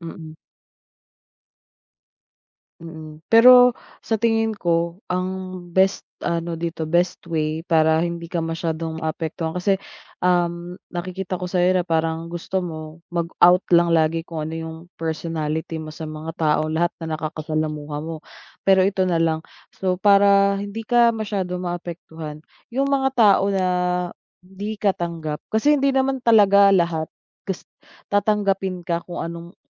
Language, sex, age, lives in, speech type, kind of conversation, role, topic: Filipino, female, 30-34, Philippines, conversation, advice, advisor, Paano ko haharapin ang kritisismo at matututo mula rito?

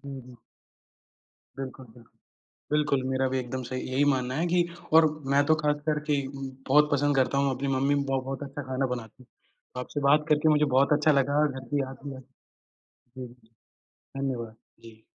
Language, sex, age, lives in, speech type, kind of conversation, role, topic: Hindi, male, 20-24, India, conversation, unstructured, none, आपको कौन-सा खाना सबसे ज़्यादा पसंद है और क्यों?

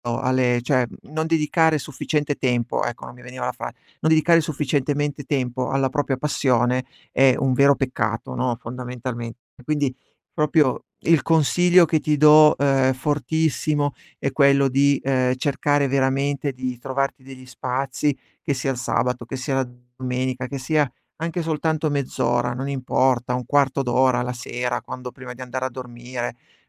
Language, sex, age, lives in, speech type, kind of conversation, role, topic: Italian, male, 40-44, Italy, conversation, advice, advisor, Come posso proteggere le mie sessioni creative dalle interruzioni quotidiane?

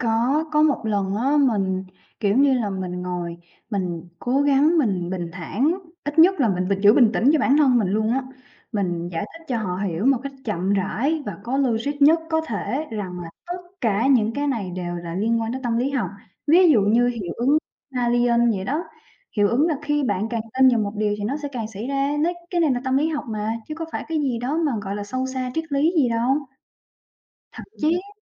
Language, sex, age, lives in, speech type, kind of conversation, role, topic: Vietnamese, female, 25-29, Vietnam, conversation, advice, user, Bạn nên làm gì khi không nhận được sự hỗ trợ từ người thân trong quá trình theo đuổi mục tiêu của mình?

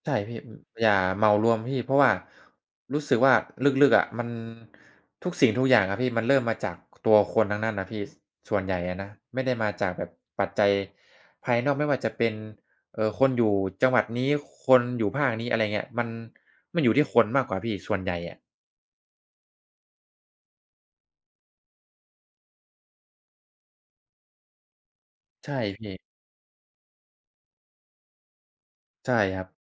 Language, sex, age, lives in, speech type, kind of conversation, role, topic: Thai, male, 20-24, Thailand, conversation, unstructured, none, คุณเคยรู้สึกไหมว่าศาสนาทำให้คนในสังคมแบ่งแยกกัน?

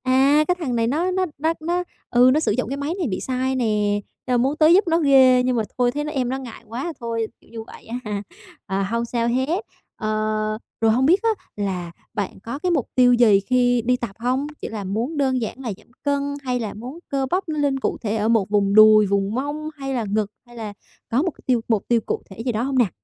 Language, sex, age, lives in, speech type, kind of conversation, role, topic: Vietnamese, female, 25-29, Vietnam, conversation, advice, advisor, Làm sao để tự tin hơn khi bắt đầu tập luyện?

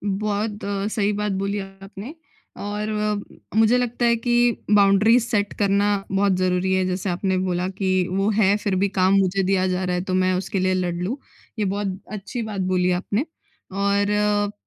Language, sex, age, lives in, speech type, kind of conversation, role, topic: Hindi, female, 20-24, India, conversation, podcast, host, Time management ke sabse practical tips tum kya share karna chahoge?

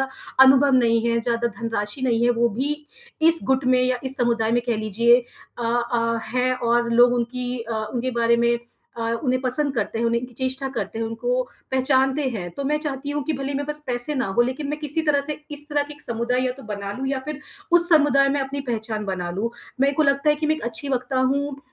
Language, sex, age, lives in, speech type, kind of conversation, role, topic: Hindi, female, 30-34, India, conversation, advice, user, मैं अपने समुदाय में पहचान और स्वीकार्यता पाने के लिए कैसे योगदान दे सकता/सकती हूँ?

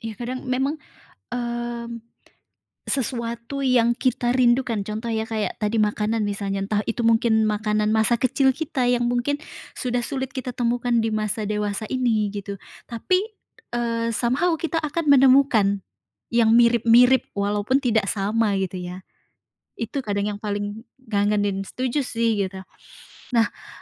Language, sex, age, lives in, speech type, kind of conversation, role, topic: Indonesian, female, 30-34, Indonesia, conversation, podcast, host, Film Indonesia apa yang paling berkesan buat kamu, dan kenapa?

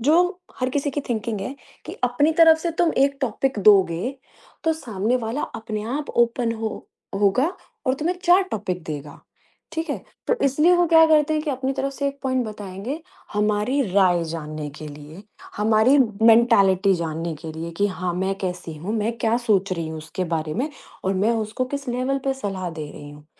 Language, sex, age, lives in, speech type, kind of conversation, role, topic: Hindi, female, 35-39, India, conversation, podcast, guest, Jab tumhe kisi ki feelings samajhni hoti hain, toh tum usually kya tareeka apnaate ho?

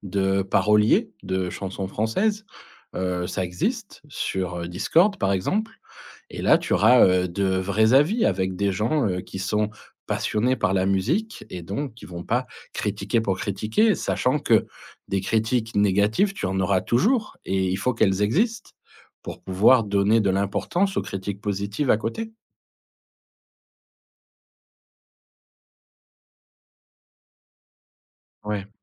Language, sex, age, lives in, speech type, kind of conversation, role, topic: French, male, 40-44, France, conversation, advice, advisor, Comment surmonter le perfectionnisme qui sabote ma pratique créative quotidienne ?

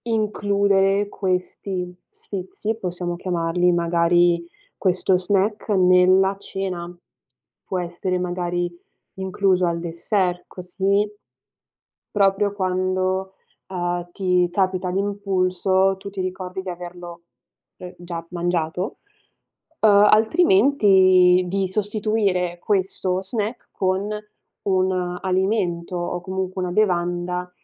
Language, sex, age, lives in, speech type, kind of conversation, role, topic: Italian, female, 20-24, Italy, conversation, advice, advisor, Come posso gestire la voglia di fare uno spuntino notturno che mi sveglia e interrompe il sonno?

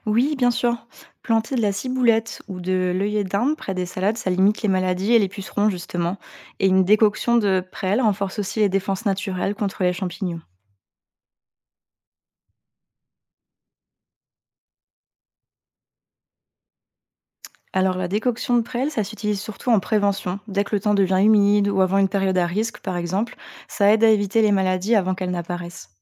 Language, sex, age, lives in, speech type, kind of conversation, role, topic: French, female, 30-34, France, read, expert-assistant, expert, Comment faire face aux imprévus météo qui menacent les cultures, comme la sécheresse ou la grêle ?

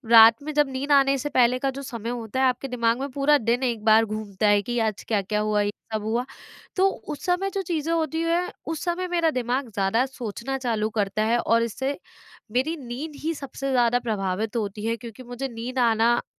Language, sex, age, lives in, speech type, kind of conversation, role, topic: Hindi, female, 25-29, India, conversation, advice, user, मैं दुनिया की घटनाओं से होने वाली चिंता को कम करके दैनिक जीवन में भावनात्मक स्थिरता कैसे बनाए रखूँ?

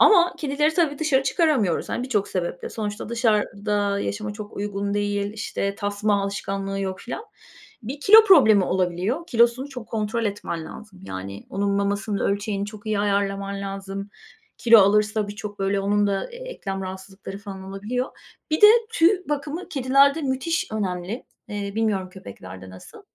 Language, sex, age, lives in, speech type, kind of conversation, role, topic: Turkish, female, 45-49, Spain, conversation, unstructured, none, Evcil hayvanların günlük bakımı nasıl yapılır?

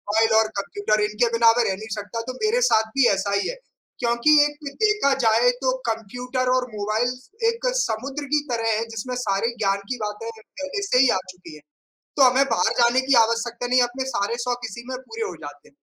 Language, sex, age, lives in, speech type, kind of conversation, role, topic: Hindi, male, 35-39, India, conversation, unstructured, none, क्या आप तकनीक के बिना अपने शौक पूरे कर सकते हैं?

